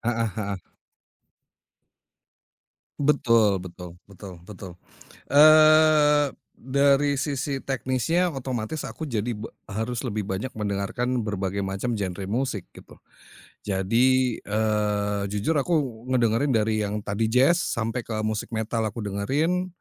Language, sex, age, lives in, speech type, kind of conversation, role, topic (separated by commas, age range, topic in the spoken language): Indonesian, male, 40-44, Indonesia, conversation, podcast, guest, Bagaimana budaya keluargamu memengaruhi selera musikmu?